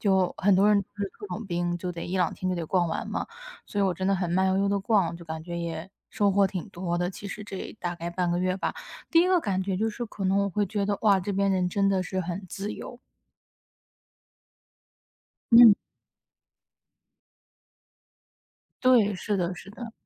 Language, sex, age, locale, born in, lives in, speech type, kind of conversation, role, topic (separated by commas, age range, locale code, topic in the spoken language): Chinese, female, 25-29, zh-CN, China, United States, conversation, podcast, guest, 能不能讲讲一次让你彻底换个角度看世界的旅行经历？